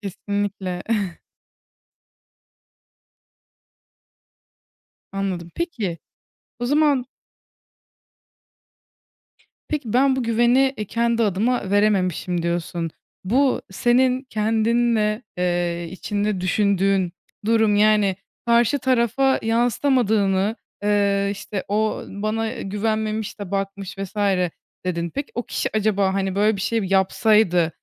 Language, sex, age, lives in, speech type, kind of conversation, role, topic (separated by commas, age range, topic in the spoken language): Turkish, female, 20-24, Germany, conversation, podcast, host, Partnerin telefonuna bakmanın sınırı sence nerede başlar?